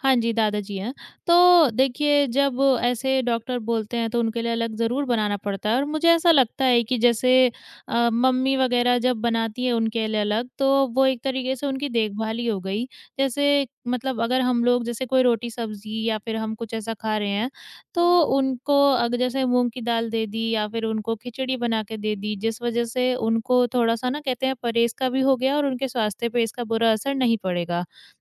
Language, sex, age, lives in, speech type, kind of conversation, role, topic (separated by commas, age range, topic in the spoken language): Hindi, female, 20-24, India, conversation, podcast, guest, खाना बनाकर किसी की देखभाल करना आपके लिए क्या मायने रखता है?